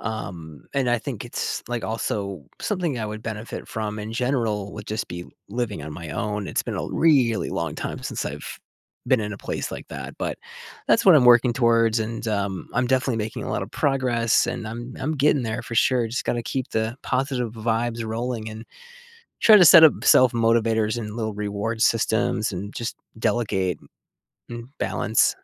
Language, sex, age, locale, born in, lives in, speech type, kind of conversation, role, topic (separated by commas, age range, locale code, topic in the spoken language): English, male, 30-34, en-US, United States, United States, conversation, advice, user, How can I relax when I find it hard to unwind lately?